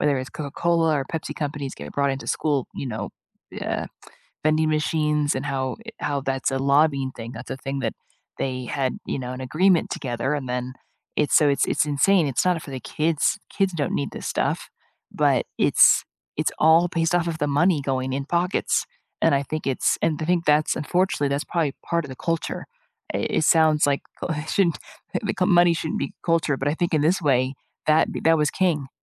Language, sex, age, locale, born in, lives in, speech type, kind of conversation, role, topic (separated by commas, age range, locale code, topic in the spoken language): English, female, 40-44, en-US, United States, United States, conversation, unstructured, none, Do you think food advertising targets kids unfairly?